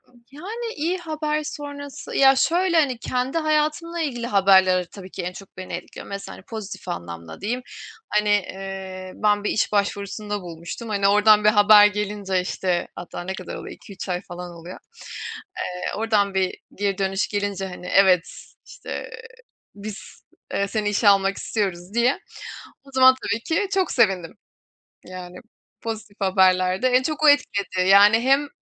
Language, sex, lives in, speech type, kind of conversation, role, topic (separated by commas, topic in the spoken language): Turkish, female, Germany, conversation, unstructured, none, Son zamanlarda seni en çok etkileyen haber hangisiydi?